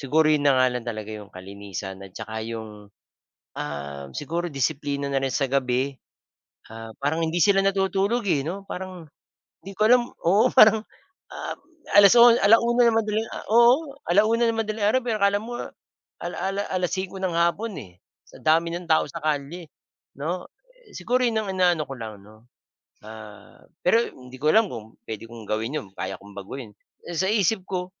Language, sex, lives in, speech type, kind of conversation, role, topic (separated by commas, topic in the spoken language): Filipino, male, Philippines, conversation, unstructured, none, Paano mo ilalarawan ang komunidad kung saan ka lumaki?